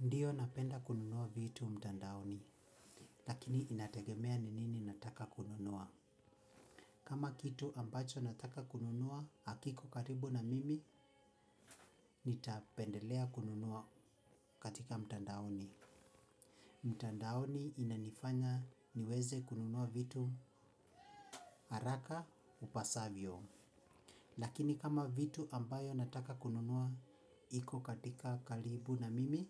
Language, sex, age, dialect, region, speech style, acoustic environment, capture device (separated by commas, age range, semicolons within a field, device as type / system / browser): Swahili, male, 25-34, Kenya - Nairobi Sheng-influenced, Kenya, relaxed; happy, silence, mobile / Linux / Chrome